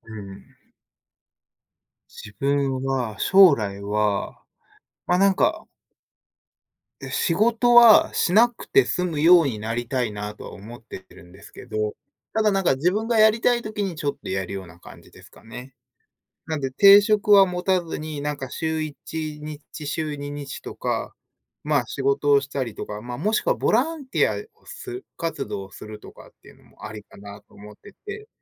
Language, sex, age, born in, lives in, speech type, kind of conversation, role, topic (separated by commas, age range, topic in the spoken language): Japanese, male, 30-34, Japan, United States, conversation, unstructured, none, 将来、どのような生活を送りたいですか？